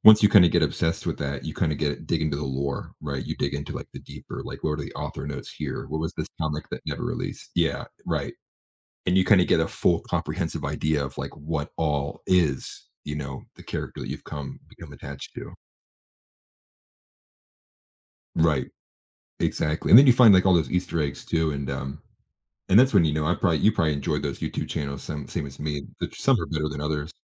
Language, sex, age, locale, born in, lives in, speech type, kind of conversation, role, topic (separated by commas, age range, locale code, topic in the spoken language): English, male, 25-29, en-US, United States, United States, conversation, podcast, host, Why do people get attached to fictional characters?